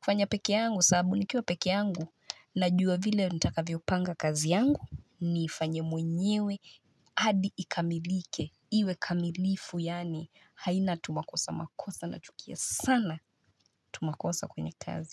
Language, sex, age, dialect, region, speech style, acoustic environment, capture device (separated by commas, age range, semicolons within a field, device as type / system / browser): Swahili, female, 25-34, Kenya - Nairobi Sheng-influenced, Kenya, relaxed, music, mobile / Linux / Chrome